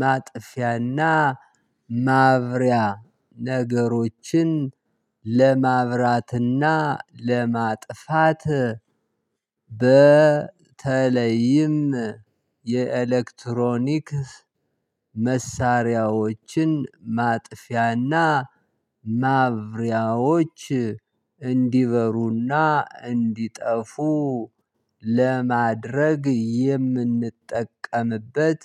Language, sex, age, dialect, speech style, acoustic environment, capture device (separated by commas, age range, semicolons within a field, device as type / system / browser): Amharic, male, 35-44, Ethiopia - Gondar, excited, silence, mobile / Linux / Chrome